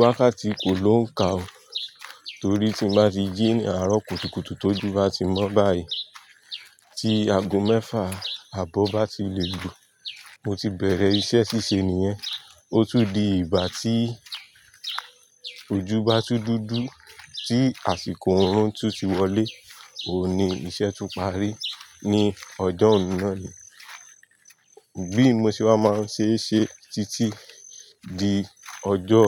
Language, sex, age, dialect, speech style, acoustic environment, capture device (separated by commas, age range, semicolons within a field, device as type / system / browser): Yoruba, male, 25-34, Nigeria - Nigerian Standard, tired, nature; people talking; traffic; construction, mobile / Linux / Chrome